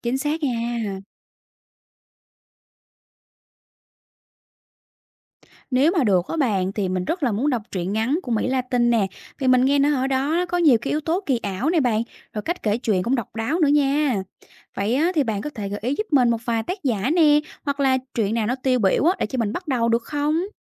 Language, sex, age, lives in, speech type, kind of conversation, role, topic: Vietnamese, female, 30-34, Vietnam, read, expert-assistant, asker, Làm sao nhận biết nét riêng giữa văn học Việt Nam và nước ngoài khi đọc truyện?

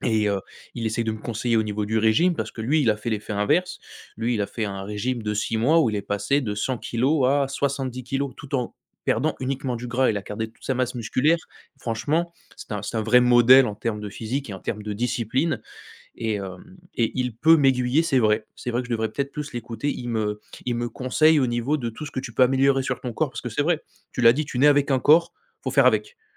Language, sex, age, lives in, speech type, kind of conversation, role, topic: French, male, 25-29, France, conversation, advice, user, Comment décrirais-tu ton manque d’estime de soi lié à ton apparence physique ?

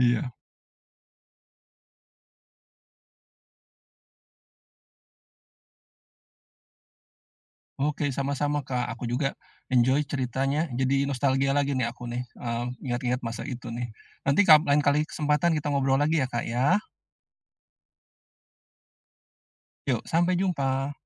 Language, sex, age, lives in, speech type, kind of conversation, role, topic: Indonesian, male, 45-49, Indonesia, conversation, podcast, guest, Keputusan apa yang paling membuatmu berkembang, dan bagaimana prosesnya?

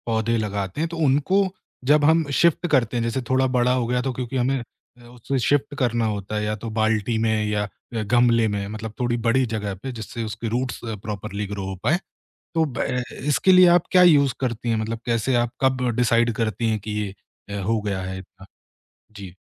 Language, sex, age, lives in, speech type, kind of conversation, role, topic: Hindi, male, 25-29, India, conversation, podcast, host, Balcony ya chhoti jagah mein sabziyaan ugane ka sahi tareeqa kya hai?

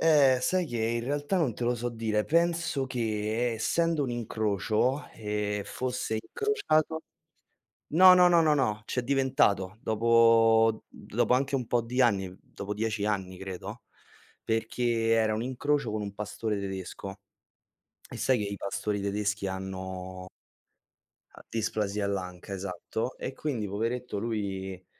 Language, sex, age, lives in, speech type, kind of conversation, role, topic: Italian, male, 25-29, Italy, conversation, unstructured, none, Come organizzi di solito la tua giornata?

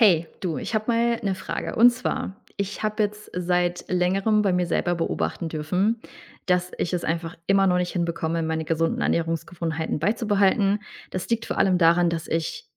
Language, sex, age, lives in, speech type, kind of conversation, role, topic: German, female, 30-34, Germany, conversation, advice, user, Welche Schwierigkeiten hast du, gesunde Ernährungsgewohnheiten beizubehalten?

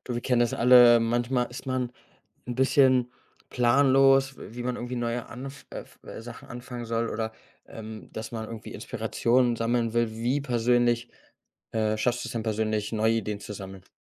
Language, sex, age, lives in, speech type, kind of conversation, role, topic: German, male, 25-29, Germany, conversation, podcast, host, Wie kommst du, ganz ehrlich, auf neue Ideen?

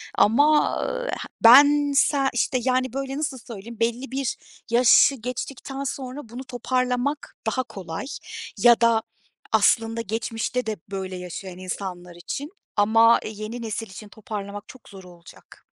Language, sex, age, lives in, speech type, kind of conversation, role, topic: Turkish, female, 35-39, Germany, conversation, podcast, guest, İyi bir hikâyeye nasıl başlarsın ve sence ilk cümle ne kadar önemlidir?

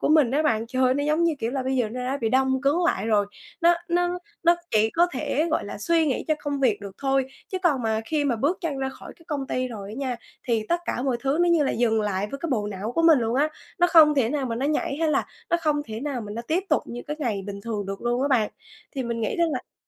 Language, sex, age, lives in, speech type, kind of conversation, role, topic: Vietnamese, female, 20-24, Vietnam, conversation, advice, user, Làm sao để tạo thói quen hằng ngày giúp giảm căng thẳng?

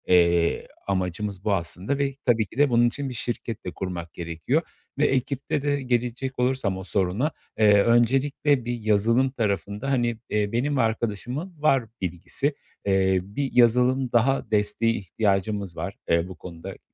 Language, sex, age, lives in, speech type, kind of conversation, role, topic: Turkish, male, 35-39, Poland, conversation, advice, user, Nasıl güçlü bir ekip kurabilir ve yetenekleri şirketimde uzun süre tutabilirim?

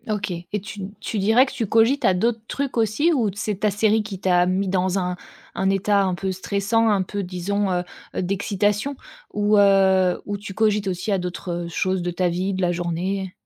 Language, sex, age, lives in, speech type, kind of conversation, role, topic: French, female, 30-34, France, conversation, advice, advisor, Réveils fréquents et fatigue persistante au réveil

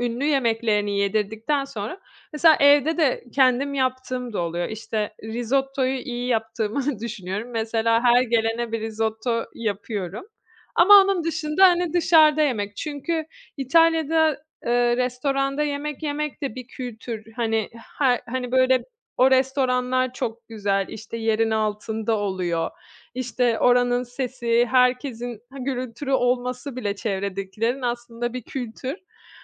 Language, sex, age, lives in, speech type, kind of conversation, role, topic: Turkish, female, 30-34, Italy, conversation, podcast, guest, Hangi yemek sana ait hissettiriyor ve neden?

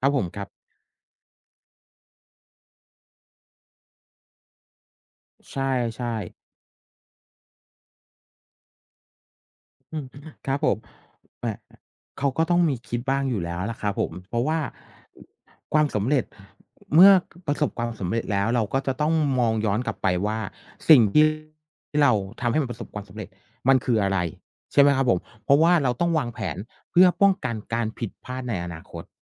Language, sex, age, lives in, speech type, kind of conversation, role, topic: Thai, male, 45-49, Thailand, conversation, unstructured, none, ถ้าคุณต้องเลือกอย่างใดอย่างหนึ่งระหว่างความสุขกับความสำเร็จ คุณจะเลือกอะไร?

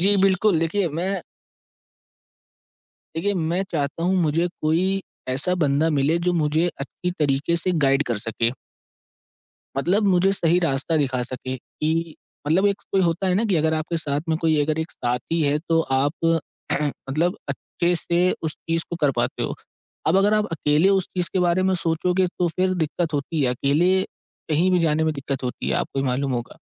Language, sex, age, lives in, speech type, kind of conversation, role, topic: Hindi, male, 18-19, India, conversation, advice, user, आप बड़े प्रोजेक्ट की शुरुआत क्यों नहीं कर पा रहे हैं?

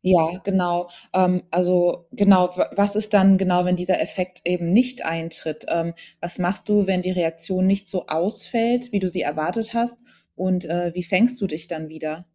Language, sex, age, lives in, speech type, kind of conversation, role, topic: German, female, 30-34, Germany, conversation, podcast, host, Wie findest du den Mut, sehr Persönliches zu teilen?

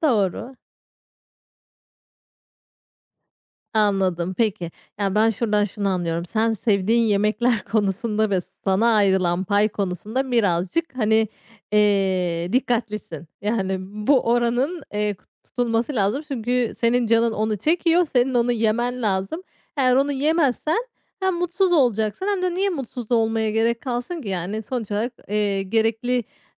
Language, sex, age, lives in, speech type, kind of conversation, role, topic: Turkish, female, 35-39, Spain, conversation, podcast, host, Hangi yemekler sana evdeymişsin gibi hissettiriyor?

